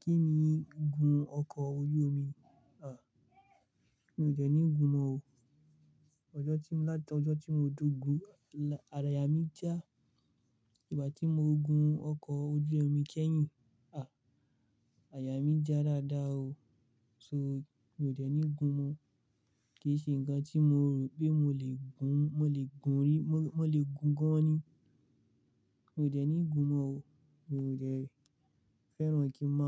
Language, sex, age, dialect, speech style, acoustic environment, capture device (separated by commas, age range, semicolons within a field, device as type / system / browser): Yoruba, male, 25-34, Nigeria - Kano, relaxed, silence, mobile / Linux / Chrome